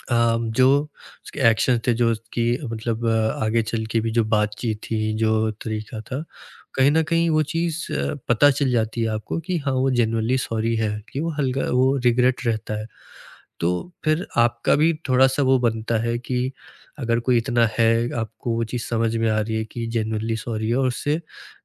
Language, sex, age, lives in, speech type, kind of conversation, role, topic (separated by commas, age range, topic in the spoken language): Hindi, male, 20-24, India, conversation, podcast, guest, Maafi maangne mein sirf alfaaz nahi, actions bhi kitne zaroori hote hain—tum isko kitni importance dete ho?